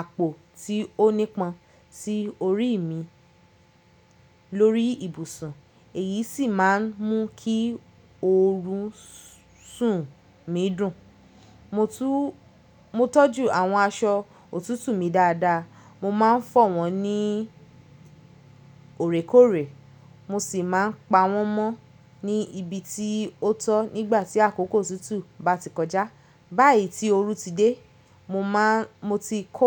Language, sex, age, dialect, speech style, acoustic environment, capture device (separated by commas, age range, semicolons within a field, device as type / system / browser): Yoruba, female, 25-34, Nigeria - Lagos Yoruba, happy; focused, nature, mobile / Linux / Chrome